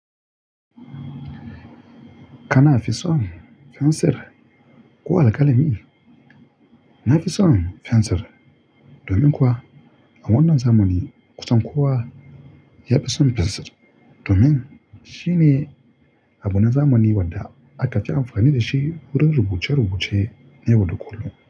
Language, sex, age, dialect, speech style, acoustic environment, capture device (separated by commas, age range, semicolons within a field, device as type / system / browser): Hausa, male, 25-34, Nigeria - Kano, relaxed, nature; appliances, mobile / Linux / Chrome